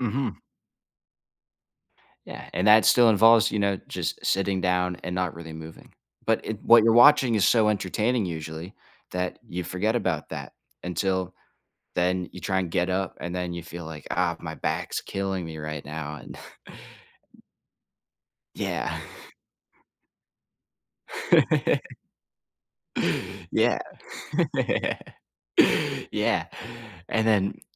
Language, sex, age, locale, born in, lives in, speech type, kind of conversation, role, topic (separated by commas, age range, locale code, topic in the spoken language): English, male, 20-24, en-US, United States, United States, conversation, podcast, guest, What factors make it challenging to maintain a healthy balance between work and personal life?